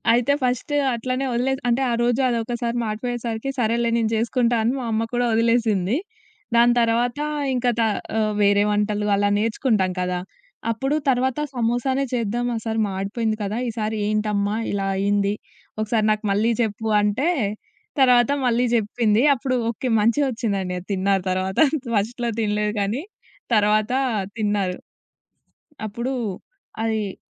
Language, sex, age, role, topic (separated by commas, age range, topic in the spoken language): Telugu, female, 20-24, guest, మీరు కుటుంబంతో కలిసి పంచుకునే హాబీ ఏది?